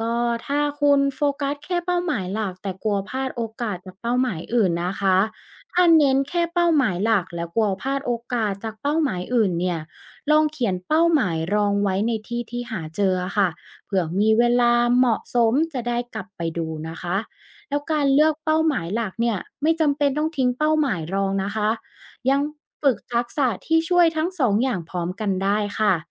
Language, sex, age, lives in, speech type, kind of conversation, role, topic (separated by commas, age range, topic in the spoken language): Thai, female, 25-29, Thailand, read, expert-assistant, expert, การตั้งเป้าหมายในชีวิตมีความสำคัญอย่างไรต่อความสำเร็จ